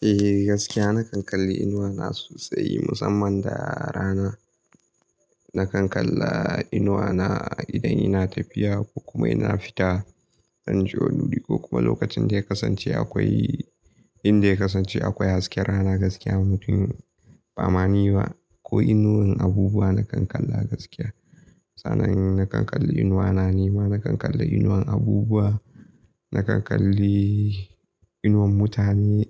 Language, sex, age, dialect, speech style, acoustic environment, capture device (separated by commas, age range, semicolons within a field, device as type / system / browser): Hausa, male, 18-24, Nigeria - Kano, excited; anxious, nature; silence, mobile / Linux / Chrome